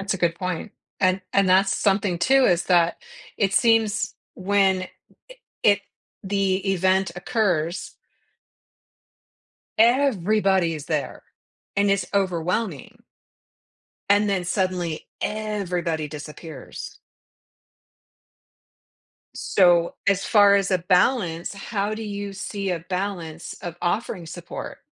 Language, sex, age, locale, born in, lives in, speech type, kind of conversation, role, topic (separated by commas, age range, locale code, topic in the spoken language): English, female, 55-59, en-US, United States, United States, conversation, podcast, host, What are thoughtful ways to be there for someone who is grieving?